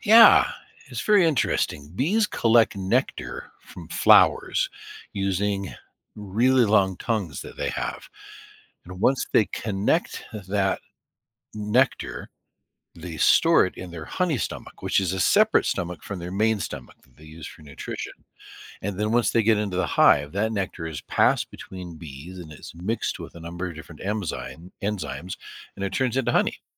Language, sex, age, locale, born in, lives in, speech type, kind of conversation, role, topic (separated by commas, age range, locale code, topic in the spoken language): English, male, 50-54, en-US, United States, United States, read, expert-assistant, expert, How do bees make honey?